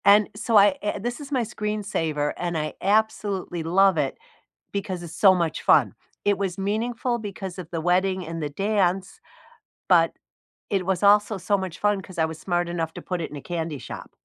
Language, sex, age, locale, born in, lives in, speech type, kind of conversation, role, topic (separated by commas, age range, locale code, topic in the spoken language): English, female, 65-69, en-US, United States, United States, conversation, unstructured, none, What is the last photo on your phone that you love, and what makes it meaningful to you?